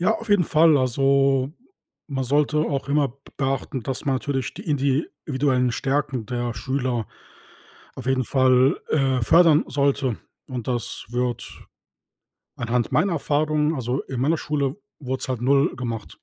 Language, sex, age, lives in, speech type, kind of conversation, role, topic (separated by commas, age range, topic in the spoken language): German, male, 30-34, Germany, conversation, podcast, guest, Was sollte die Schule deiner Meinung nach anders machen?